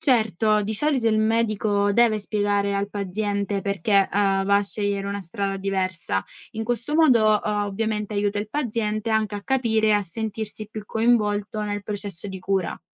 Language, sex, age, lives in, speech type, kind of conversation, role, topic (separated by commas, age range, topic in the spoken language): Italian, female, 20-24, Italy, read, expert-assistant, expert, Come si sceglie e si valuta la terapia migliore per il diabete?